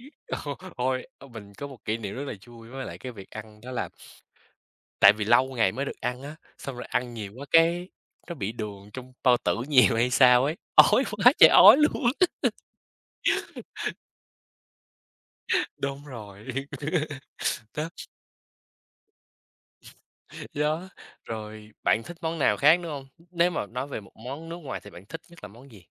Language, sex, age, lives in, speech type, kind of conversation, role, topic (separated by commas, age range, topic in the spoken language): Vietnamese, male, 20-24, United States, conversation, unstructured, none, Bạn đã bao giờ thử một món ăn nước ngoài lạ chưa?